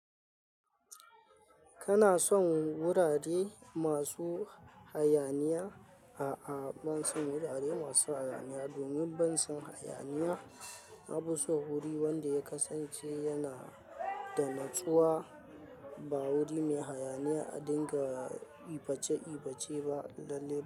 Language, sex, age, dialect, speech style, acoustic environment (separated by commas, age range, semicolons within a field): Hausa, male, 25-34, Nigeria - Kano, happy; anxious, nature; people talking